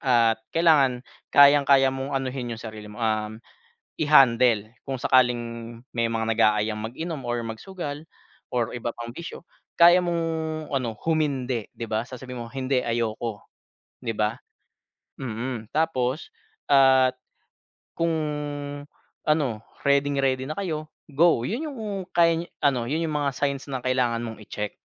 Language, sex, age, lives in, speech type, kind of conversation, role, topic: Filipino, male, 30-34, Philippines, conversation, advice, advisor, Paano namin mapagkakasundo ang magkakaibang plano namin para sa kinabukasan tungkol sa pamilya o trabaho?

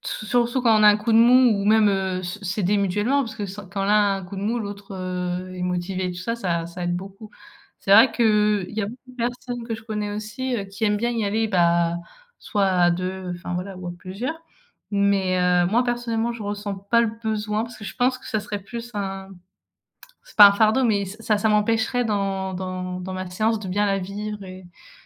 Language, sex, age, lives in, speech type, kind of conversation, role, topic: French, female, 20-24, France, conversation, unstructured, none, Quels bienfaits ressens-tu après une séance de sport ?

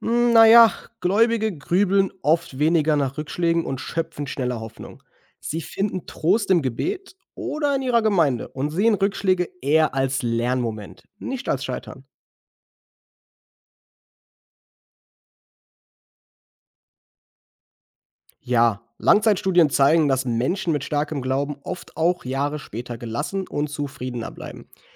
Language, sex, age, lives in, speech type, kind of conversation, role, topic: German, male, 25-29, Germany, read, expert-assistant, expert, Wie beeinflusst der Glaube unsere Entscheidungen?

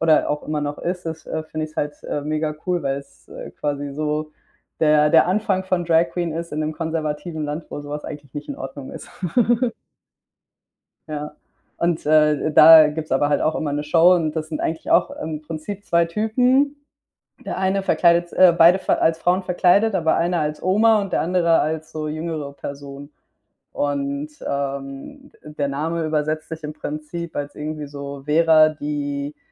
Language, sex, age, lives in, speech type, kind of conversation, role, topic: German, female, 25-29, Spain, conversation, unstructured, none, Wie beeinflusst Musik deine Emotionen?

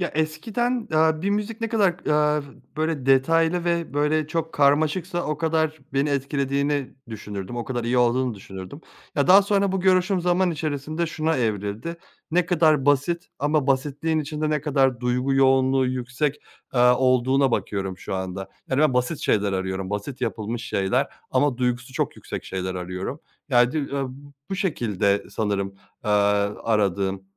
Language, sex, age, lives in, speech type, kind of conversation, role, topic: Turkish, male, 30-34, Germany, conversation, podcast, guest, Müzik zevkin zaman içinde nasıl değişti ve buna örnek verebilir misin?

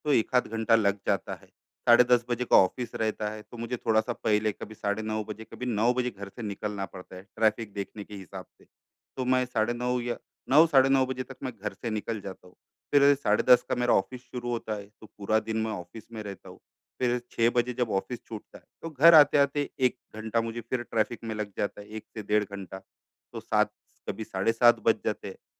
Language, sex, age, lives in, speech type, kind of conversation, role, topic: Hindi, male, 35-39, India, conversation, advice, user, मैं अपने स्वास्थ्य और व्यायाम के लिए समय कैसे निकालूँ?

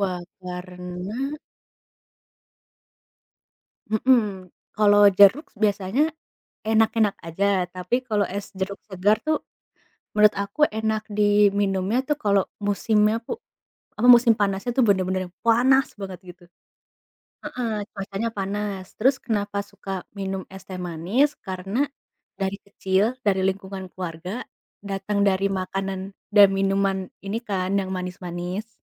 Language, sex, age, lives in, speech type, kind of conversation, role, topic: Indonesian, female, 20-24, United States, conversation, unstructured, none, Anda lebih suka es teh manis atau es jeruk segar?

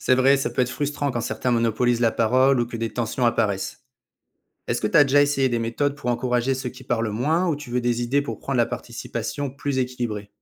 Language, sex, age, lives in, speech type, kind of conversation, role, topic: French, male, 35-39, France, read, expert-assistant, expert, Comment mobiliser et impliquer efficacement les membres dans un projet associatif ?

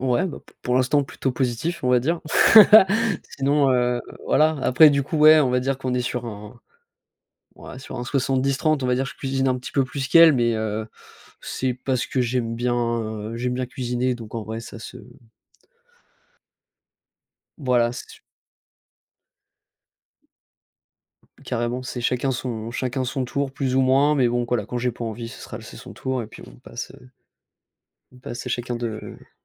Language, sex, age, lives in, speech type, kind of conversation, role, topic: French, male, 25-29, France, conversation, unstructured, none, Est-ce que cuisiner est pour toi un plaisir ou une corvée ?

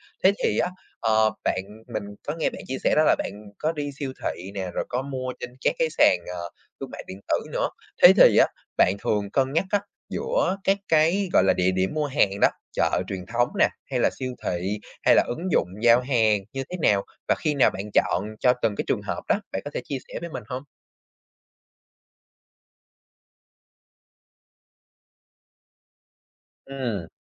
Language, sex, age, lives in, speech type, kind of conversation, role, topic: Vietnamese, male, 20-24, Vietnam, conversation, podcast, host, Bạn có mẹo nào để mua đồ tạp hóa tiết kiệm không?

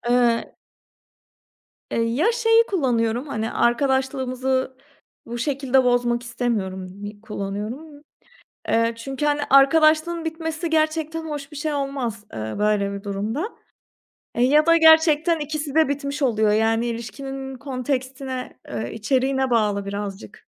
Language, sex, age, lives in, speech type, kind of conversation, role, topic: Turkish, female, 30-34, Portugal, conversation, podcast, guest, Birine hayır demen gerektiğinde nasıl davranırsın, bunu söylemek senin için zor mu?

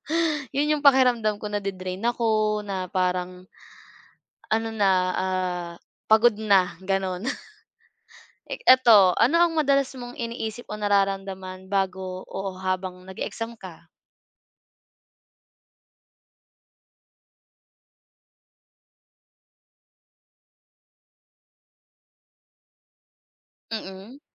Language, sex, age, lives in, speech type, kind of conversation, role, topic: Filipino, female, 25-29, Philippines, conversation, unstructured, none, Ano ang nararamdaman mo tuwing may pagsusulit?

